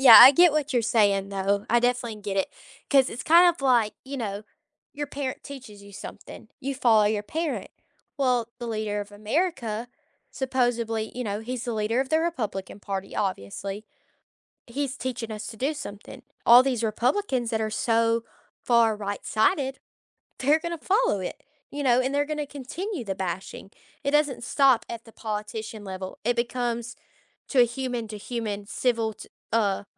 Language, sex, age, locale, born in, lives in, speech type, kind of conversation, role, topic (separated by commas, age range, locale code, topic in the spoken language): English, female, 20-24, en-US, United States, United States, conversation, unstructured, none, What is one way politics can bring people closer?